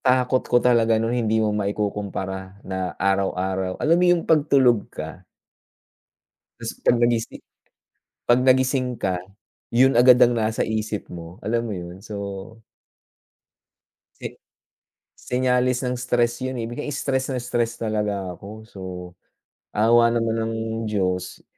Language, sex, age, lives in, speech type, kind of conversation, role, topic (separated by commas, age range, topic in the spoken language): Filipino, male, 45-49, United States, conversation, unstructured, none, Paano mo haharapin ang takot na mawalan ng trabaho?